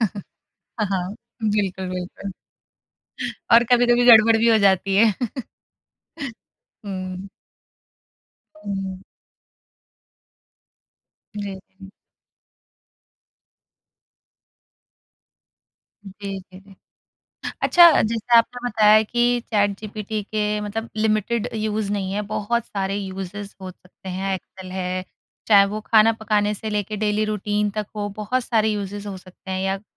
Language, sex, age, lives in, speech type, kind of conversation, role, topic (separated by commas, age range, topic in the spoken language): Hindi, female, 30-34, India, conversation, podcast, host, Future mein AI sahayak ghar ki rozmarra ki routines ko kaise badlenge, tum kya sochte ho?